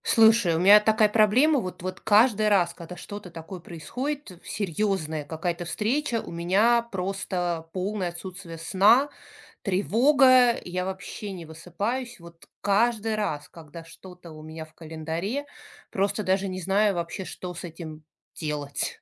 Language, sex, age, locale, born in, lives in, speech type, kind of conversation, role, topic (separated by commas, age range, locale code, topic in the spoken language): Russian, female, 40-44, ru-RU, Russia, United States, conversation, advice, user, Как справиться с тревогой и бессонницей перед важным событием?